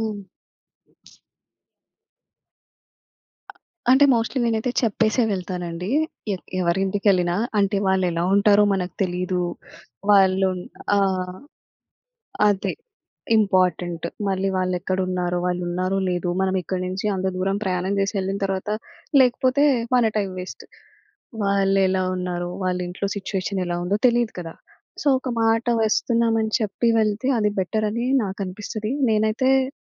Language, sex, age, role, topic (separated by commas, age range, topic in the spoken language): Telugu, female, 25-29, guest, అతిథులను స్వాగతించేటప్పుడు మీరు పాటించే దశలు ఏమిటి?